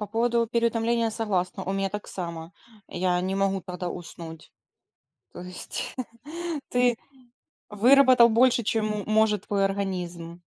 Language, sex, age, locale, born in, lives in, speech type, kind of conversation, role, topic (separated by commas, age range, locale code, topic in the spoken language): Russian, female, 30-34, ru-RU, Ukraine, Spain, conversation, unstructured, none, Как вы поддерживаете здоровый сон в условиях стресса?